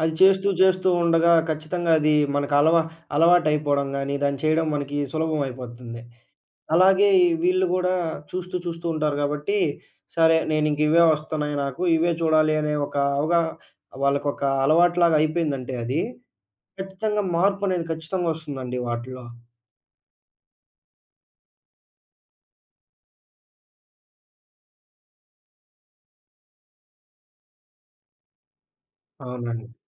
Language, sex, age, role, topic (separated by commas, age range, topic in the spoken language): Telugu, male, 20-24, guest, పిల్లల స్మార్ట్‌ఫోన్ వినియోగాన్ని మీరు ఎలా నియంత్రిస్తారు?